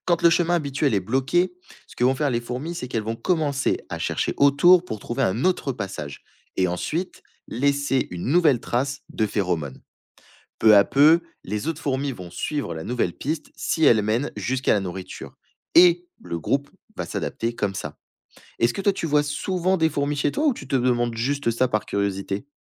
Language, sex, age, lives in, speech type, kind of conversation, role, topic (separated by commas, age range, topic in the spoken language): French, male, 20-24, France, read, expert-assistant, expert, Comment les fourmis trouvent-elles leur chemin jusqu’à la nourriture ?